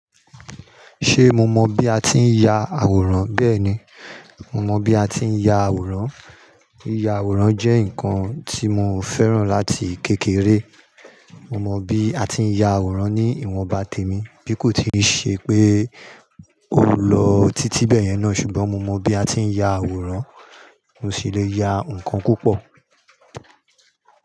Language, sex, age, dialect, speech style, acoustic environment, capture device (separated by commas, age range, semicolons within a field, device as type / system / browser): Yoruba, male, 35-44, Nigeria - Nigerian Standard, happy; anxious; nervous; excited, people talking; construction; nature, mobile / Linux / Chrome